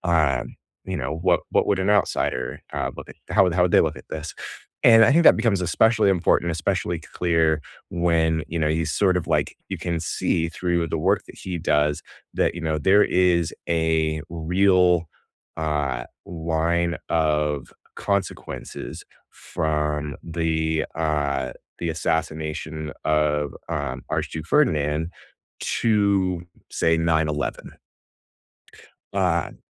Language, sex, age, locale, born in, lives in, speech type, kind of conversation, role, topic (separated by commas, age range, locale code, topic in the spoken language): English, male, 35-39, en-US, United States, United States, conversation, unstructured, none, What show, book, or podcast are you most excited to recommend right now, and why does it resonate with you?